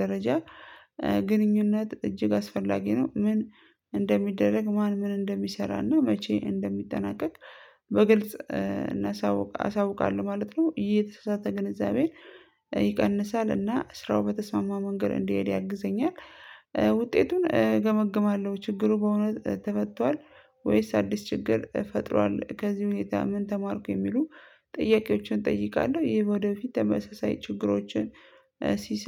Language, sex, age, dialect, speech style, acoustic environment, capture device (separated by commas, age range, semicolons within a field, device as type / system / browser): Amharic, female, 25-34, Ethiopia - Addis Ababa, stressed, people talking, mobile / Linux / Chrome